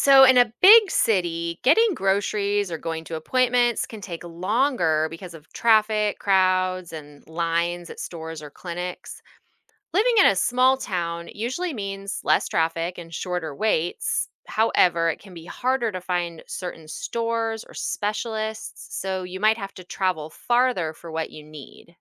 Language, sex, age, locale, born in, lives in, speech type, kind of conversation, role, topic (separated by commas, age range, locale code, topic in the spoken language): English, female, 40-44, en-US, United States, United States, read, expert-assistant, expert, What are the challenges of big city vs. small town living?